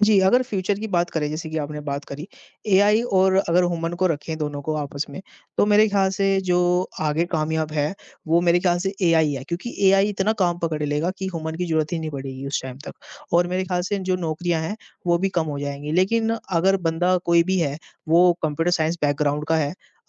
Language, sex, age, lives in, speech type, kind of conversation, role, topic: Hindi, male, 50-54, India, conversation, unstructured, none, क्या कृत्रिम बुद्धिमत्ता से इंसानों की नौकरियाँ खतरे में हैं?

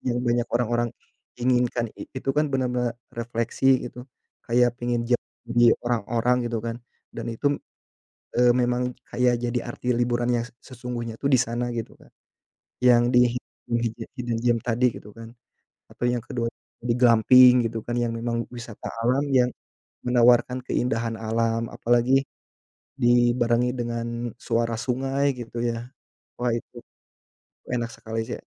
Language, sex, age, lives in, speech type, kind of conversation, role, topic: Indonesian, male, 30-34, Indonesia, conversation, unstructured, none, Apa destinasi liburan favoritmu di Indonesia?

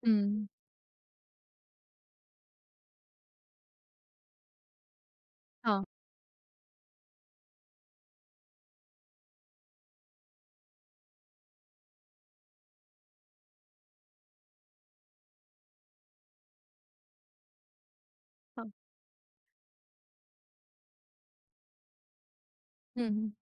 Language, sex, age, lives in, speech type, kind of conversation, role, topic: Hindi, female, 25-29, India, conversation, podcast, host, Tumhari wardrobe mein kaunsa kapda ya pehnawa tumhare dil ke sabse kareeb hai?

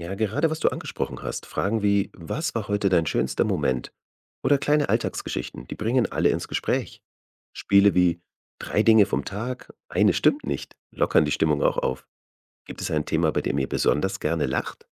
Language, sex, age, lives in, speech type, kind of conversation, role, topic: German, male, 35-39, Germany, read, expert-assistant, expert, Worauf sollte die öffentliche Gesundheitsvorsorge zuerst setzen – bessere Ernährungstipps, mehr Bewegungsangebote oder Stressabbau im Alltag?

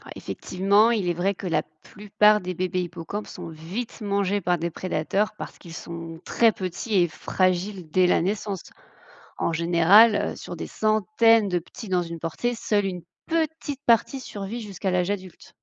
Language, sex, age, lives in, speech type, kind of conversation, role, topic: French, female, 30-34, France, read, expert-assistant, expert, Comment les hippocampes portent-ils leurs petits ?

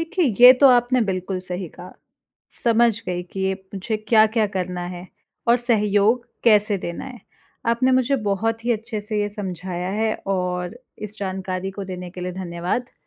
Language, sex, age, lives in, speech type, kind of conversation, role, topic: Hindi, female, 25-29, India, read, expert-assistant, asker, स्वास्थ्य सेवाओं में आपसी भरोसे और सहयोग कैसे बनाया जाए?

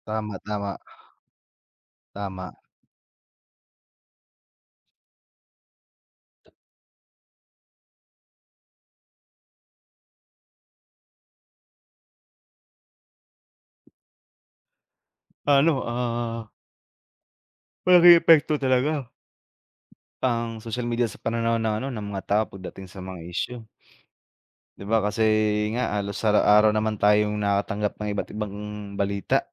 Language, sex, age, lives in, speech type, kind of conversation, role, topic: Filipino, male, 25-29, Philippines, conversation, unstructured, none, Ano ang palagay mo sa epekto ng midyang panlipunan sa balita sa kasalukuyan?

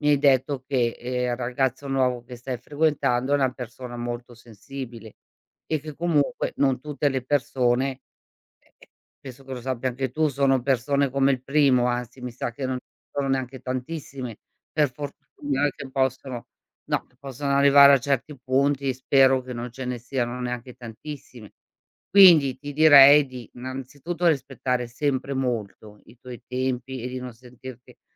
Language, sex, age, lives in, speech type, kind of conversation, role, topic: Italian, female, 55-59, Italy, conversation, advice, advisor, Quali difficoltà incontri nel fidarti di persone nuove?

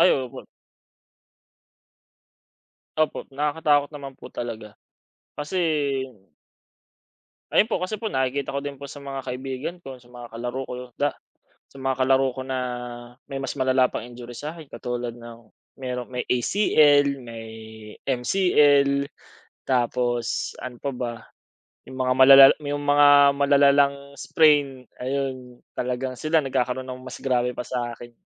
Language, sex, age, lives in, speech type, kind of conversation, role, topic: Filipino, male, 25-29, Philippines, conversation, unstructured, none, Paano mo haharapin ang takot na masaktan kapag nagsisimula ka pa lang sa palakasan?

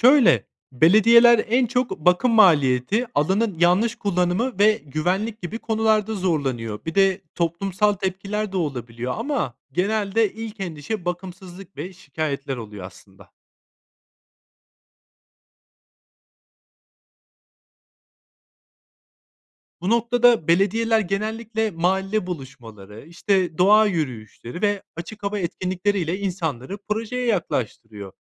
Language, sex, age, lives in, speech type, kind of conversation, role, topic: Turkish, male, 30-34, Spain, read, expert-assistant, expert, Şehir parklarında doğal alanlar oluşturmanın çevreye katkıları ve karşılaşılabilecek zorluklar neler olabilir?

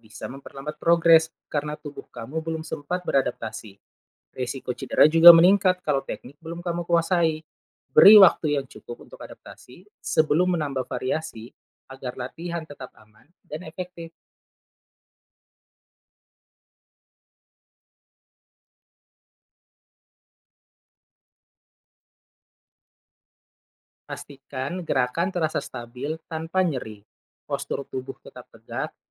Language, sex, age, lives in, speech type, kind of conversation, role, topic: Indonesian, male, 35-39, Indonesia, read, expert-assistant, expert, Bagaimana kita bisa tahu apakah tips latihan kekuatan yang viral di media sosial benar-benar bisa dipercaya?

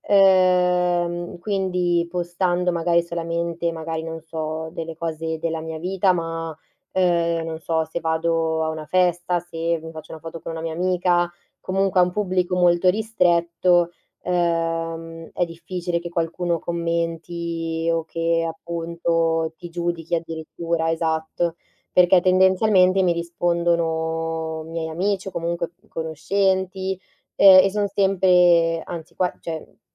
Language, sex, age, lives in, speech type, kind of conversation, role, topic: Italian, female, 20-24, Italy, conversation, podcast, guest, Come fai a superare la paura del giudizio degli altri?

